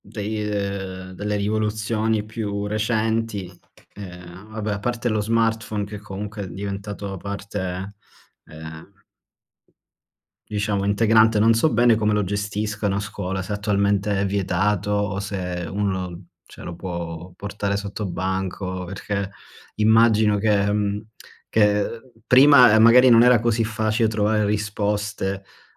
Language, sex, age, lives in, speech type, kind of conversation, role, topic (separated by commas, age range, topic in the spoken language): Italian, male, 30-34, Germany, conversation, unstructured, none, Come pensi che la tecnologia abbia cambiato la scuola?